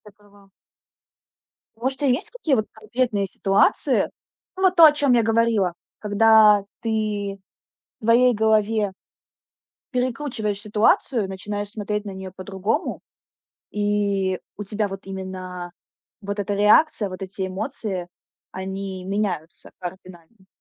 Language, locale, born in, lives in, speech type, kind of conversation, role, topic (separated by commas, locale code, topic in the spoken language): Russian, ru-RU, Ukraine, Estonia, conversation, unstructured, none, Почему иногда воспоминания вызывают у тебя злость?